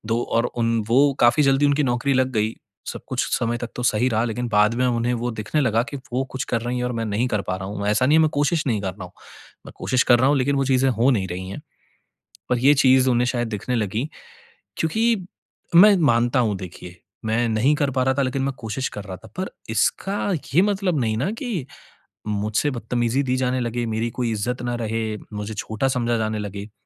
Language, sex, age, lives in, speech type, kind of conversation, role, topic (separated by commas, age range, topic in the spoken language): Hindi, male, 30-34, India, conversation, advice, user, टूटे रिश्ते को स्वीकार कर आगे कैसे बढ़ूँ?